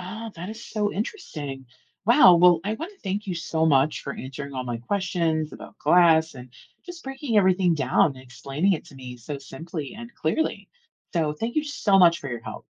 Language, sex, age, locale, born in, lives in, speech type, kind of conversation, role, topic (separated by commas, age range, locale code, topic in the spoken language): English, female, 40-44, en-US, United States, United States, read, expert-assistant, asker, Why is glass transparent while most materials aren’t?